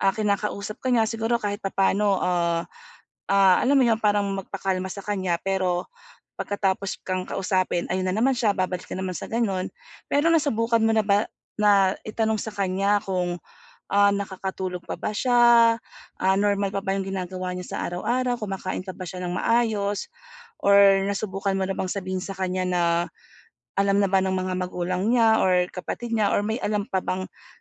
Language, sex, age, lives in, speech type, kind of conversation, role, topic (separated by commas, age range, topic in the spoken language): Filipino, female, 40-44, Philippines, conversation, advice, advisor, Paano ko masusuportahan ang kaibigan kong dumaranas ng malaking pagbabago?